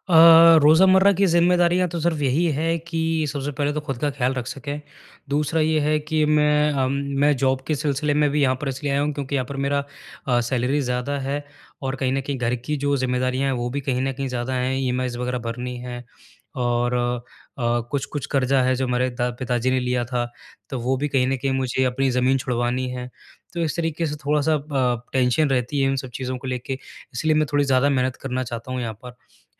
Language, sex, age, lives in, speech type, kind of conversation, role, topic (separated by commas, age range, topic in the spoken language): Hindi, male, 25-29, India, conversation, advice, user, नई जगह पर रोज़मर्रा की दिनचर्या बनाकर तनाव को कैसे संभालें और जीवन में स्थिरता कैसे लाएँ?